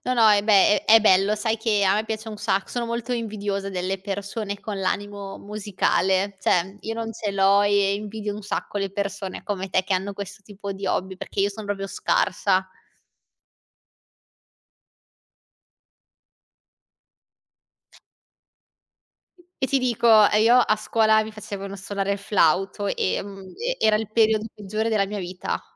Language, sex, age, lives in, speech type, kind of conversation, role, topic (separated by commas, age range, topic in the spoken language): Italian, female, 25-29, Italy, conversation, unstructured, none, Qual è il tuo hobby preferito e perché ti piace così tanto?